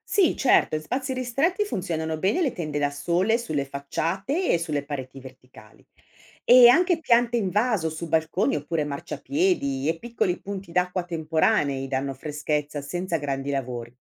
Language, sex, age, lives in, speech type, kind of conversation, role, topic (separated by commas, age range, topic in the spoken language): Italian, female, 55-59, Italy, read, expert-assistant, expert, Come potremmo rendere le nostre città più fresche d'estate senza consumare troppa energia?